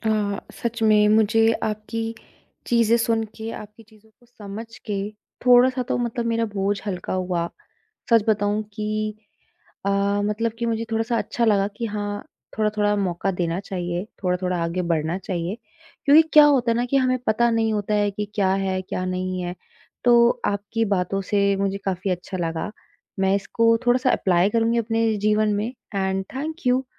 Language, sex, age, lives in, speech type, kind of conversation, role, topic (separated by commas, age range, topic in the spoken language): Hindi, female, 25-29, India, conversation, advice, user, नया रिश्ता शुरू करने का डर और फिर से भरोसा करना मुश्किल लगना